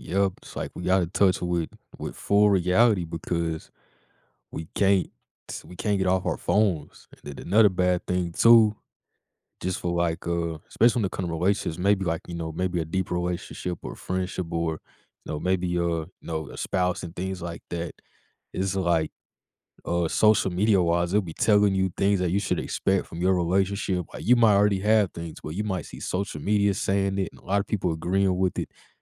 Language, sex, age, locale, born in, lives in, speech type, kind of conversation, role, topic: English, male, 20-24, en-US, United States, United States, conversation, podcast, host, How have smartphones changed the way we connect with others in our daily lives?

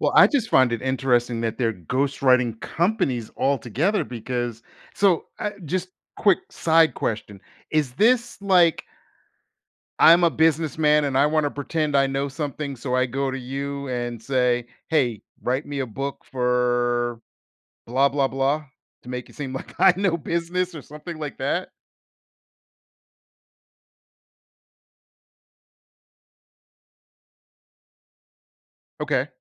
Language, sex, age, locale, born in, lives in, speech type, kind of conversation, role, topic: English, male, 50-54, en-US, United States, United States, conversation, podcast, host, What lesson did you learn from failure?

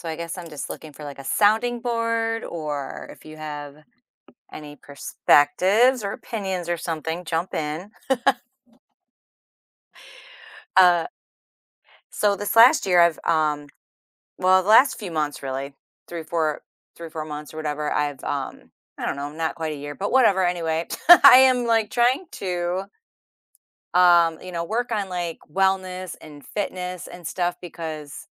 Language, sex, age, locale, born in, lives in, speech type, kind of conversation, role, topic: English, female, 50-54, en-US, United States, United States, conversation, advice, user, How can I start a fitness routine and stay motivated?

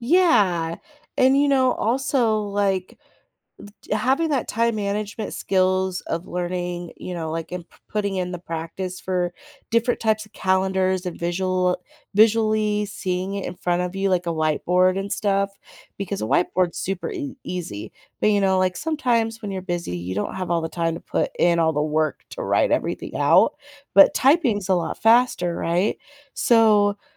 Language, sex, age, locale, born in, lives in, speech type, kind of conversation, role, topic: English, female, 30-34, en-US, United States, United States, conversation, advice, advisor, How can I manage daily responsibilities without getting overwhelmed?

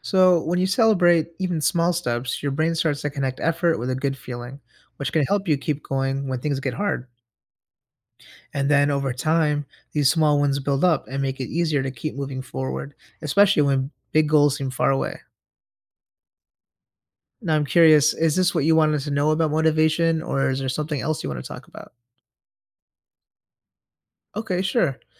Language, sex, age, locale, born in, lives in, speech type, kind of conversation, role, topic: English, male, 30-34, en-US, United States, United States, read, expert-assistant, expert, What are the benefits of celebrating small achievements?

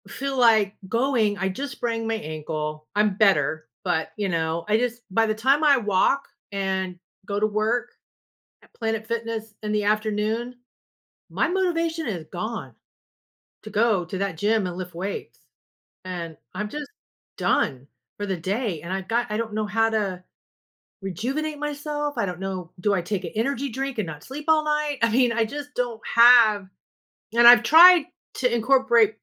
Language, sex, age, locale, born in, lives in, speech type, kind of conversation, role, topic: English, female, 55-59, en-US, United States, United States, conversation, advice, user, How can I regain motivation and keep moving forward after a setback?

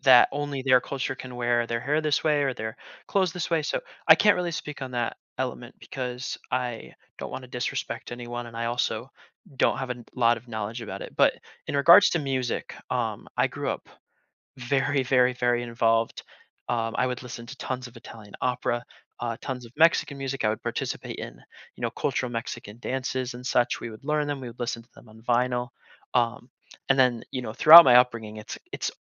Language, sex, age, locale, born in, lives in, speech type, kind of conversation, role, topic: English, male, 20-24, en-US, United States, United States, conversation, podcast, guest, How does your cultural background influence your identity and relationships with others?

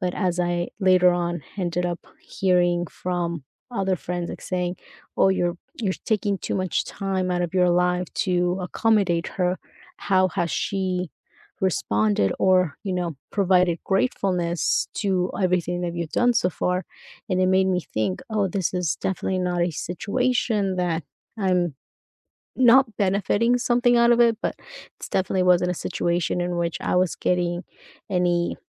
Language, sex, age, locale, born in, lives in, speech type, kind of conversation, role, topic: English, female, 30-34, en-US, United States, United States, conversation, unstructured, none, What helps you navigate disagreements with friends in ways that protect and even strengthen your bond?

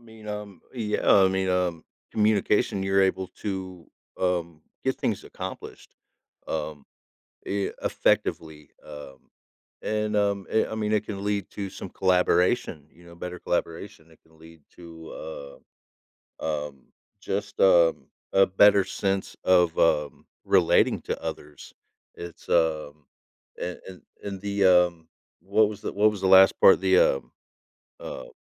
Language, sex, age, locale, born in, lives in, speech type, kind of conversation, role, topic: English, male, 40-44, en-US, United States, United States, conversation, podcast, guest, Why are certain skills considered essential for everyone to learn in today's world?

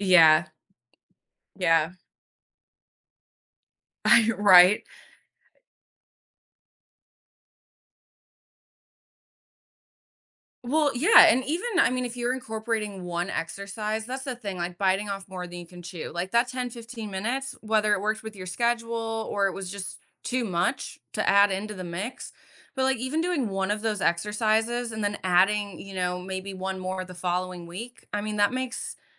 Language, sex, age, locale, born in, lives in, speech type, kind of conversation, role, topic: English, female, 30-34, en-US, United States, United States, conversation, podcast, host, How do you stay motivated to exercise?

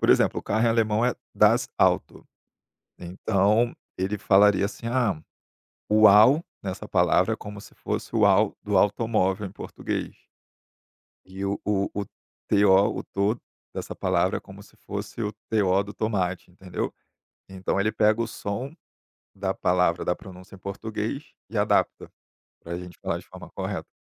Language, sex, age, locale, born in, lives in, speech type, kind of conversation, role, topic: Portuguese, male, 30-34, pt-BR, Brazil, Germany, conversation, podcast, guest, Como a internet mudou a forma como você aprende?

